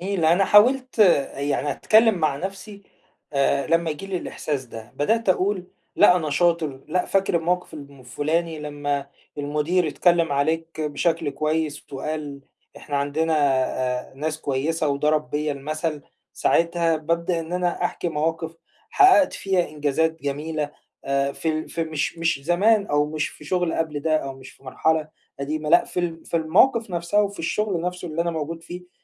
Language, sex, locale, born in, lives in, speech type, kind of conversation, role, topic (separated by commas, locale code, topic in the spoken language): Arabic, male, ar-EG, Egypt, Egypt, conversation, advice, user, إزاي أتعلم أتقبل أخطائي بدل ما أخاف من الفشل كجزء من تقدمي؟